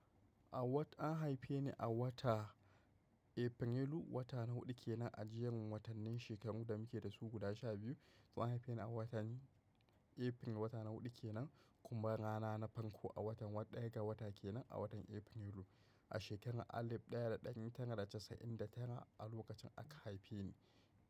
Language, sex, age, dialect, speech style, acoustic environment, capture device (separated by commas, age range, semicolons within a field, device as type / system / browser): Hausa, male, 25-34, Nigeria - Kano, happy, nature; appliances, mobile / macOS / Safari